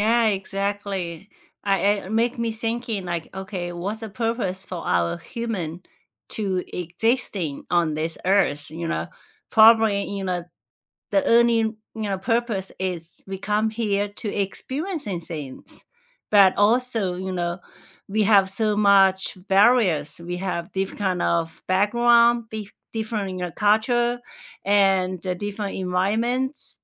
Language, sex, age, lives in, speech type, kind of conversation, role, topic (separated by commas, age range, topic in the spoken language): English, female, 45-49, United States, conversation, unstructured, none, What is the best piece of advice you have heard lately, and how has it changed you?